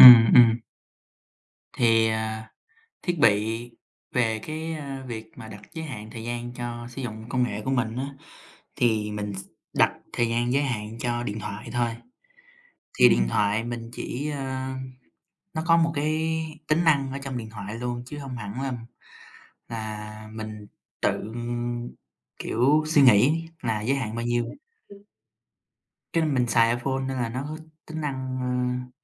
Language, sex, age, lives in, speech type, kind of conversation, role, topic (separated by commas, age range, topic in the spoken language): Vietnamese, male, 18-19, United States, conversation, unstructured, none, Bạn quản lý thời gian sử dụng các thiết bị công nghệ như thế nào?